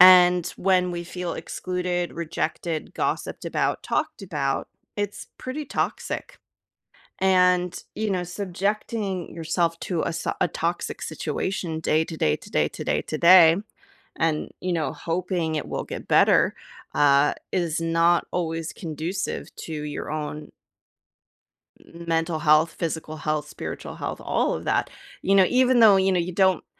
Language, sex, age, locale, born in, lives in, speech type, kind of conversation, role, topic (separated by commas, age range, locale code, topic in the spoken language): English, female, 35-39, en-US, United States, United States, conversation, advice, advisor, How do I address feeling ignored and looked down on by coworkers?